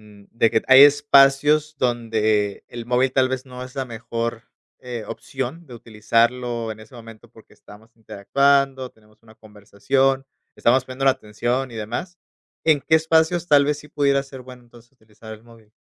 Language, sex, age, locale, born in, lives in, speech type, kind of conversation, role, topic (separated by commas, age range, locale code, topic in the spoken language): Spanish, male, 30-34, es-MX, Mexico, Mexico, conversation, podcast, host, ¿Cómo te cambia la vida estar siempre conectado al celular?